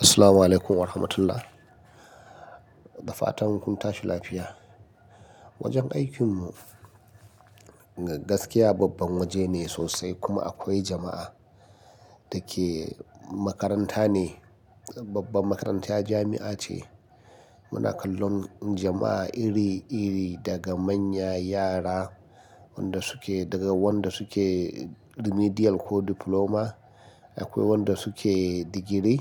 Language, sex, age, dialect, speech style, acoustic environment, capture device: Hausa, male, 35-44, Nigeria - Nigerian Standard, happy, appliances, mobile / Linux / Chrome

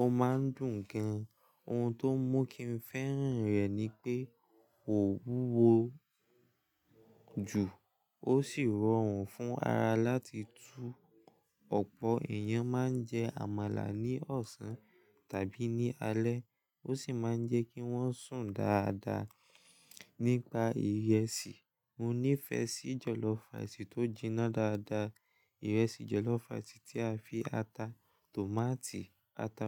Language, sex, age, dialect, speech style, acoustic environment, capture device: Yoruba, male, 18-24, Nigeria - Lagos Yoruba, relaxed, silence; nature, mobile / Linux / Chrome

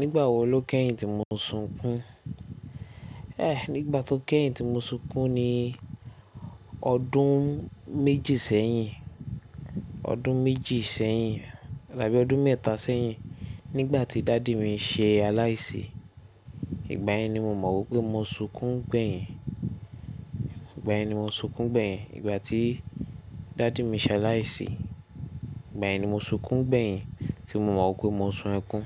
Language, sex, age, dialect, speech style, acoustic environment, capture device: Yoruba, male, 25-34, Nigeria - Lagos Yoruba, tired; focused, silence, mobile / Linux / Chrome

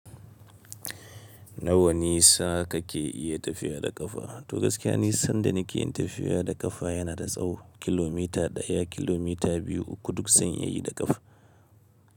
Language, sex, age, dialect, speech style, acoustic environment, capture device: Hausa, male, 25-34, Nigeria - Kano, stressed, silence, mobile / Linux / Chrome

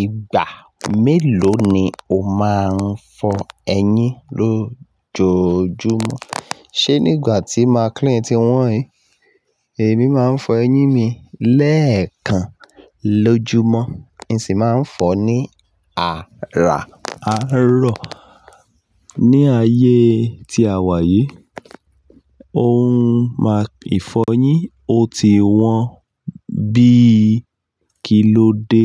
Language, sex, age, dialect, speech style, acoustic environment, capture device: Yoruba, male, 35-44, Nigeria - Ibadan, excited, traffic, mobile / Linux / Chrome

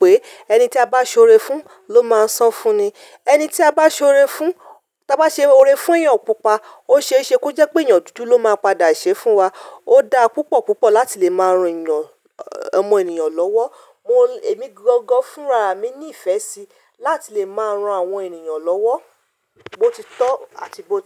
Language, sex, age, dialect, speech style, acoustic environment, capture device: Yoruba, female, 35-44, Nigeria - Ibadan, focused; relaxed, silence, mobile / Linux / Chrome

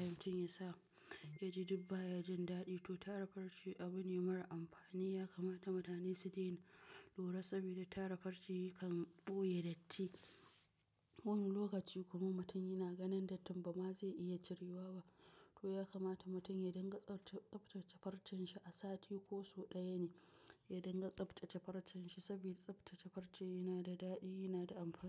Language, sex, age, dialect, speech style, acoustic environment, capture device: Hausa, female, 25-34, Nigeria - Nigerian Standard, tired; focused, appliances; nature, mobile / macOS / Safari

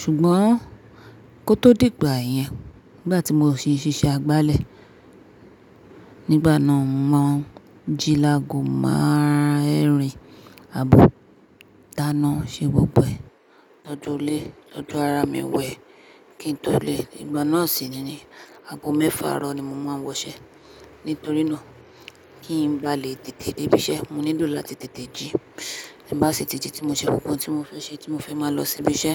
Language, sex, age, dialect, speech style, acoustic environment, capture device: Yoruba, female, 25-34, Nigeria - Nigerian Standard, focused, appliances, mobile / Linux / Chrome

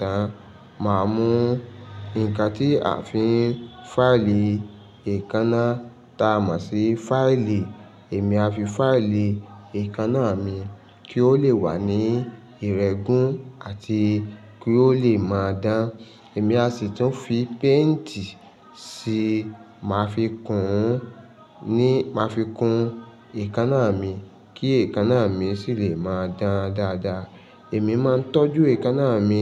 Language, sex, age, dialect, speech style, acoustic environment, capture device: Yoruba, male, 35-44, Nigeria - Lagos Yoruba, focused, nature; silence, mobile / Linux / Chrome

